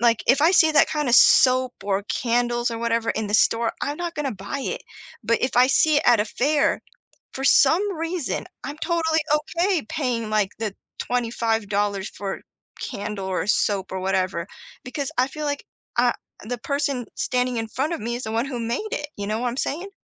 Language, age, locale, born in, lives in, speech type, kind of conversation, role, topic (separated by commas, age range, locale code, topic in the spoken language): English, 30-34, en-US, United States, United States, conversation, unstructured, none, What do you enjoy most about community festivals or fairs?